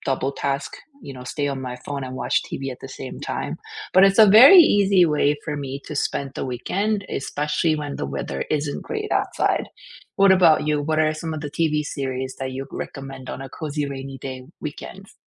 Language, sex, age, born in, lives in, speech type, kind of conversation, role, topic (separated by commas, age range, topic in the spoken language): English, female, 40-44, South Korea, United States, conversation, unstructured, none, Which TV series would you recommend for a cozy, rainy-weekend binge, and what makes it perfect to share?